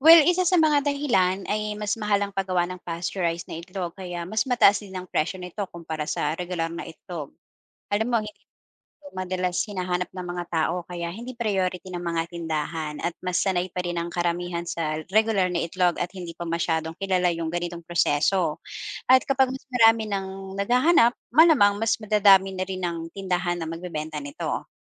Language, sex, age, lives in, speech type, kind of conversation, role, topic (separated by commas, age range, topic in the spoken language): Filipino, female, 40-44, Philippines, read, expert-assistant, expert, Paano malalaman kung ligtas kainin ang itlog at ibang pagkaing pinainit?